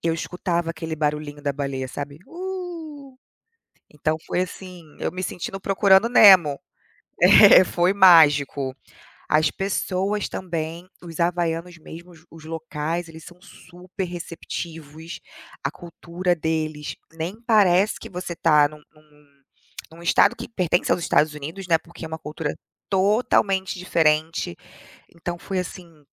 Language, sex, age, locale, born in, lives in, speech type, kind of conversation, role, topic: Portuguese, female, 35-39, pt-BR, Brazil, United States, conversation, unstructured, none, Qual destino te deixou mais feliz?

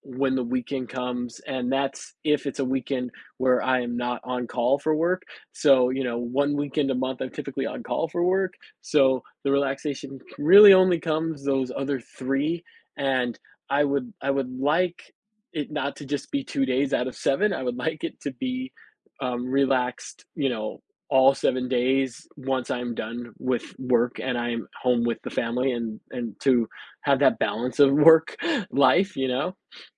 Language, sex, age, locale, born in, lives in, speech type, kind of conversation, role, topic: English, male, 40-44, en-US, United States, United States, conversation, advice, user, How can I manage stress while balancing work and family?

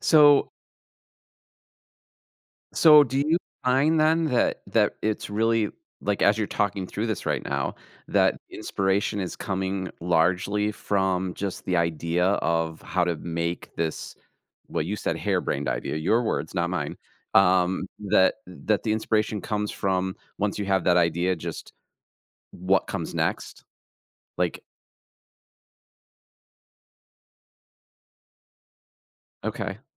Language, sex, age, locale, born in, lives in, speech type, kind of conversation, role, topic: English, male, 45-49, en-US, United States, United States, conversation, podcast, host, What helps you find and sustain motivation when beginning something creative?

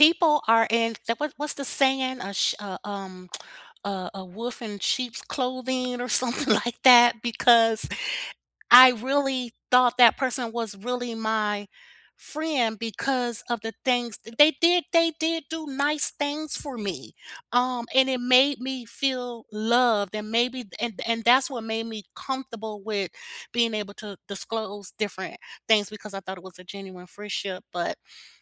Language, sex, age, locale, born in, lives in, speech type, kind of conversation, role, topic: English, female, 55-59, en-US, United States, United States, conversation, unstructured, none, How can you set gentle boundaries that bring you closer, not apart?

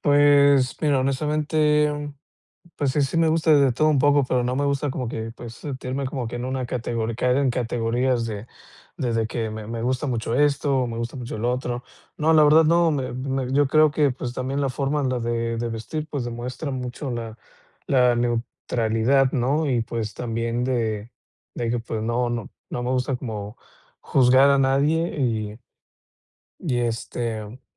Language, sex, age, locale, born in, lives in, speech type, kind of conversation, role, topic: Spanish, male, 35-39, es-MX, Mexico, Mexico, conversation, podcast, guest, ¿Qué prenda nunca falta en tu armario y por qué?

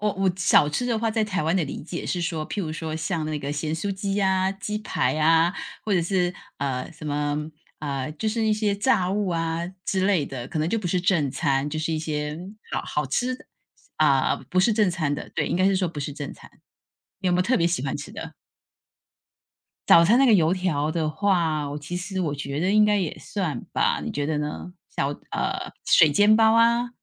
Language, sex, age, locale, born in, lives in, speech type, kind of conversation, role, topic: Chinese, female, 50-54, zh-TW, Taiwan, United States, conversation, unstructured, none, 你有没有特别喜欢的地方小吃？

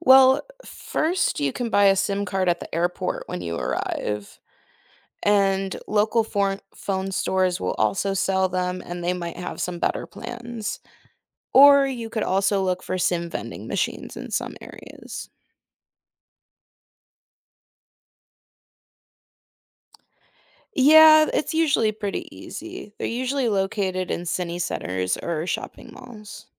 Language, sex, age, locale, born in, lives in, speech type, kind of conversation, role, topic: English, female, 20-24, en-US, United States, United States, read, expert-assistant, expert, How do I get local sim cards when traveling internationally?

